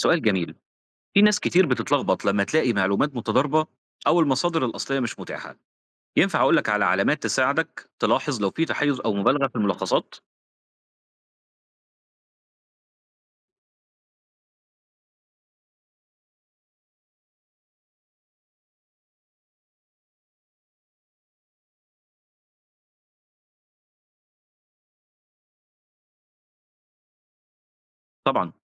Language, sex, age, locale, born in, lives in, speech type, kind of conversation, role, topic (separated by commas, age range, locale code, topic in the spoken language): Arabic, male, 30-34, ar-EG, Egypt, Egypt, read, expert-assistant, expert, لما نسمع عن تجارب علمية مشهورة زي تجربة سجن ستانفورد، إزاي نعرف إذا فعلاً تفاصيلها دقيقة أو فيها تضخيم في الإعلام؟